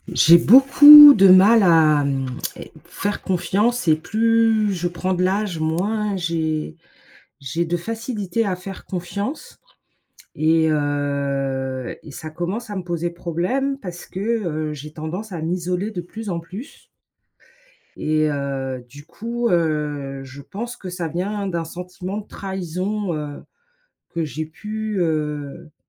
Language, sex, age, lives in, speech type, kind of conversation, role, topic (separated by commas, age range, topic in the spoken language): French, female, 45-49, United States, conversation, advice, user, Pourquoi est-il si difficile de refaire confiance après une trahison ?